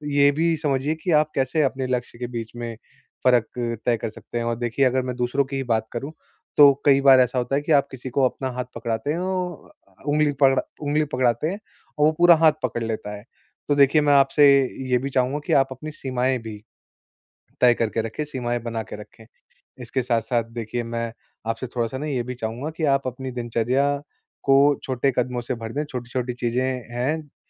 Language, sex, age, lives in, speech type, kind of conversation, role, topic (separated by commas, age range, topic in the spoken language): Hindi, male, 25-29, India, conversation, advice, advisor, व्यक्तिगत सफलता को कैसे परिभाषित करें और उससे जुड़ा दबाव कैसे संभालें?